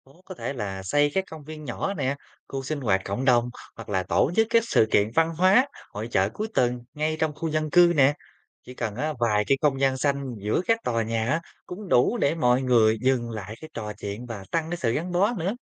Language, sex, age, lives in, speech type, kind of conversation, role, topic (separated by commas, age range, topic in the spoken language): Vietnamese, male, 25-29, Vietnam, read, expert-assistant, expert, Sự phát triển đô thị nhanh ảnh hưởng thế nào đến sự gắn kết cộng đồng?